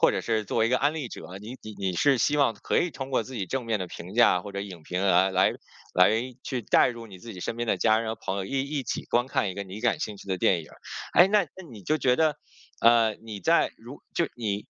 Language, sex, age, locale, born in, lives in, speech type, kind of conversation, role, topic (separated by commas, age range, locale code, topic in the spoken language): Chinese, male, 40-44, zh-CN, China, United States, conversation, podcast, host, 社交媒体上的影评会如何影响普通观众的观影选择？